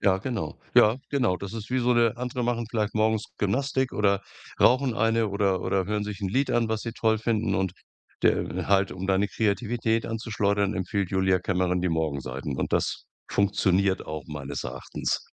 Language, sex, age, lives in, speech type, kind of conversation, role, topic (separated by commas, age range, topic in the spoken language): German, male, 65-69, Germany, conversation, podcast, guest, Welche kleinen Übungen helfen dir dabei, festgefahrene Ideen wieder zu lockern?